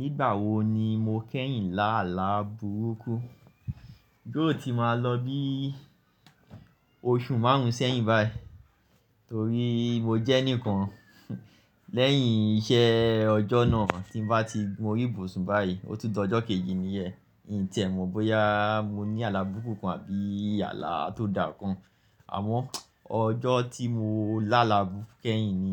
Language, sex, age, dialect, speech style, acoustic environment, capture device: Yoruba, male, 25-34, Nigeria - Lagos Yoruba, focused, nature, mobile / Linux / Chrome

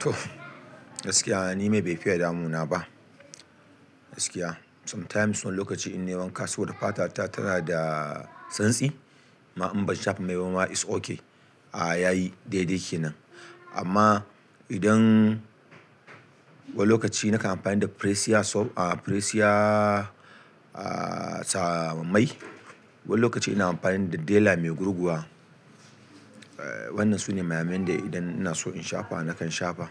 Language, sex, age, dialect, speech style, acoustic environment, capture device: Hausa, male, 35-44, Nigeria - Kano, happy; excited, silence; nature, desktop / Linux / Chrome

